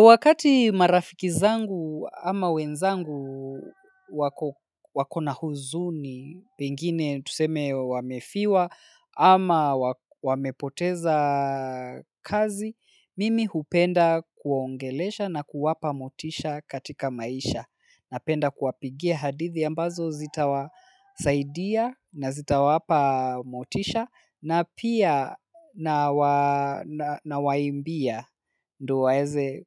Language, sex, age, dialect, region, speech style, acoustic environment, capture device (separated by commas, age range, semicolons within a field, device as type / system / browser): Swahili, male, 25-34, Kenya - Nairobi Sheng-influenced, Kenya, focused; relaxed, silence, mobile / Linux / Chrome